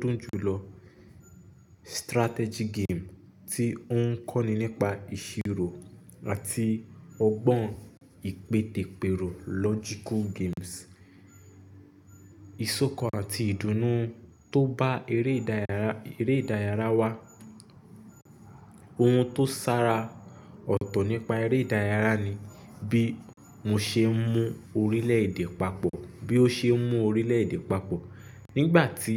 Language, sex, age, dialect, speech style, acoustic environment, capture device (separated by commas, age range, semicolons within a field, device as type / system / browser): Yoruba, male, 25-34, Nigeria - Nigerian Standard, focused, silence, mobile / Linux / Chrome